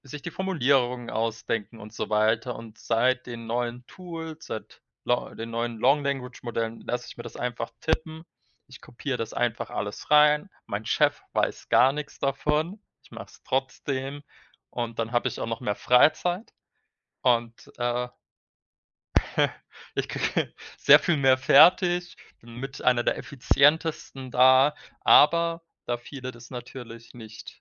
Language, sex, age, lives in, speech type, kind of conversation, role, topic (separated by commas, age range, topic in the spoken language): German, male, 25-29, Germany, conversation, unstructured, none, Wie beeinflusst Technik deinen Alltag am stärksten?